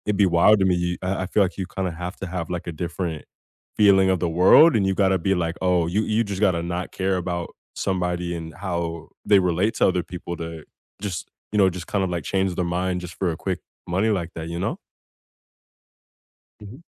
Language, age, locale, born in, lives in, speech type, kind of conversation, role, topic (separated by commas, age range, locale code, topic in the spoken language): English, 25-29, en-US, United States, United States, conversation, unstructured, none, How can people tell whether news is real or fake?